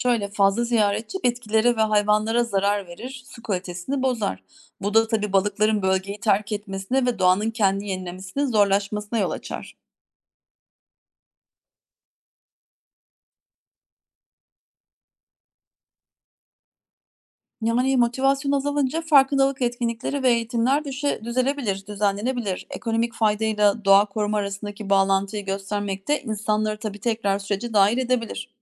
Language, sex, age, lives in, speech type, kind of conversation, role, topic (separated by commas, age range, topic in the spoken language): Turkish, female, 40-44, Germany, read, expert-assistant, expert, Denizlerdeki besin zinciri nasıl işler ve bu sistemdeki anahtar canlılar hangileridir?